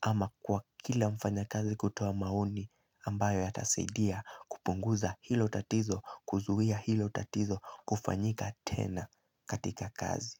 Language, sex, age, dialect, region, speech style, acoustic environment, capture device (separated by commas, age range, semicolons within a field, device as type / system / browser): Swahili, male, 18-24, Kenya - Nairobi Sheng-influenced, Kenya, relaxed; focused, nature; people talking, mobile / Linux / Chrome